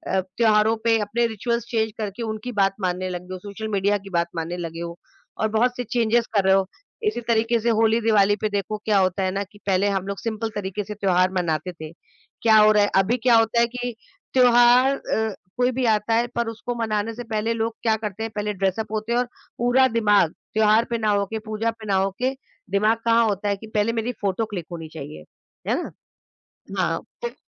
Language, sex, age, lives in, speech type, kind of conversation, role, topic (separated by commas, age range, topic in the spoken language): Hindi, female, 45-49, India, conversation, podcast, guest, Local festivals mein tumhe sabse khaas cheez kya lagi?